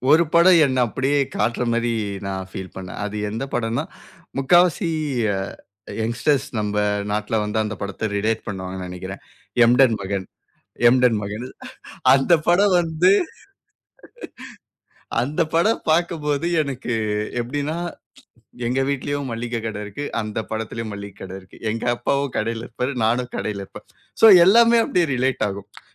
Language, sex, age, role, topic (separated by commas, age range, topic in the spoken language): Tamil, male, 25-29, guest, உங்களுக்கு பிடித்த திரைப்பட அனுபவம் எது?